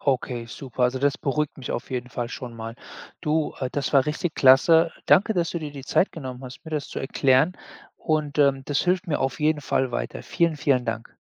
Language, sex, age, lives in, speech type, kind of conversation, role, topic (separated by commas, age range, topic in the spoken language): German, male, 40-44, United States, read, expert-assistant, asker, Wie erkenne ich im Supermarkt sichere und geprüfte Lebensmittel?